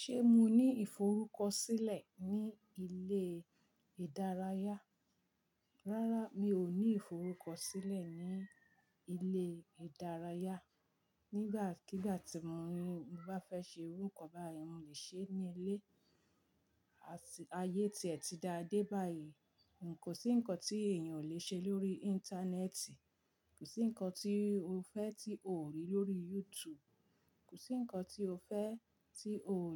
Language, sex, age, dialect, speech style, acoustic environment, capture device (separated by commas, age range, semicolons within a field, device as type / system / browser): Yoruba, female, 45-54, Nigeria - Ibadan, relaxed, nature; silence, mobile / Linux / Chrome